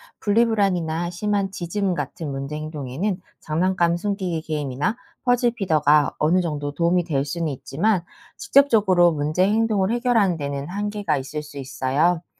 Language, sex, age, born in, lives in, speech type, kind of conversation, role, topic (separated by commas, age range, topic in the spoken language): Korean, female, 40-44, South Korea, United States, read, expert-assistant, expert, 반려견이 혼자 있을 때 불안감과 지루함을 줄일 수 있는 방법이 있을까요?